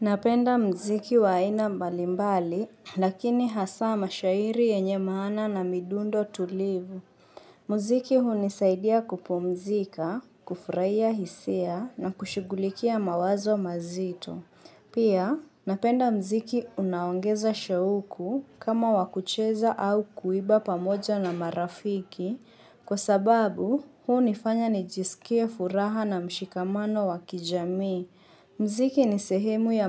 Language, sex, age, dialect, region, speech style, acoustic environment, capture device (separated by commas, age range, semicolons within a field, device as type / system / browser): Swahili, female, 25-34, Kenya - Mombasa, Kenya, focused, silence, mobile / Linux / Chrome